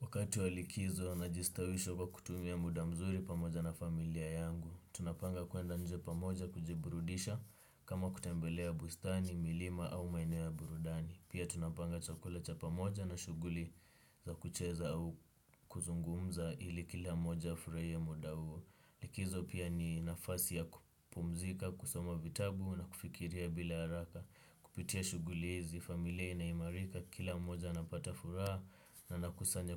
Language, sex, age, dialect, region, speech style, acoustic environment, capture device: Swahili, male, 18-24, Kenya - Nairobi Sheng-influenced, Kenya, relaxed, silence; nature, desktop / Windows / Chrome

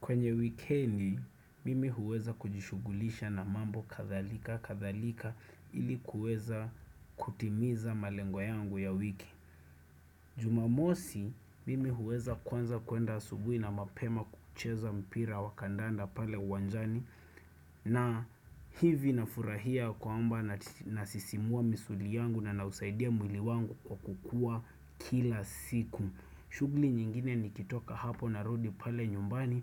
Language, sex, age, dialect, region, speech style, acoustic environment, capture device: Swahili, male, 25-34, Kenya - Nairobi Sheng-influenced, Kenya, focused; excited, silence, desktop / Windows / Chrome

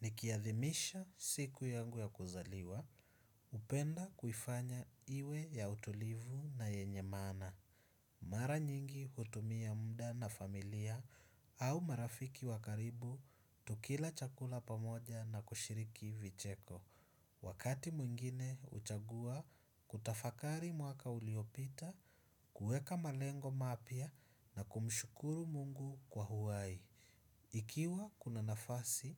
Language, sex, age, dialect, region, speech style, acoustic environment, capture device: Swahili, male, 25-34, Kenya - Mombasa, Kenya, relaxed, silence, desktop / Windows / Chrome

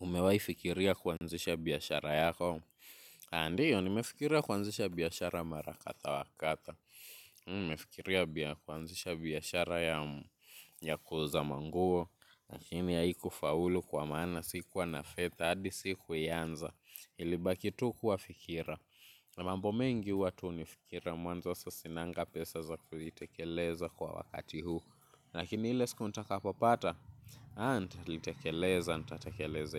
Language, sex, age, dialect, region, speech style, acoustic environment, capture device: Swahili, male, 18-24, Kenya - Mombasa, Kenya, focused, silence, mobile / Linux / Chrome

